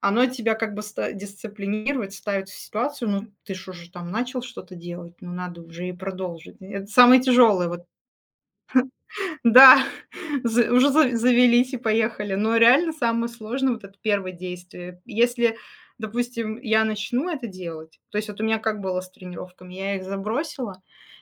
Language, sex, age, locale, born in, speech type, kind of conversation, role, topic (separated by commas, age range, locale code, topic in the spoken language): Russian, female, 35-39, ru-RU, Russia, conversation, unstructured, none, Как спорт помогает справляться со стрессом?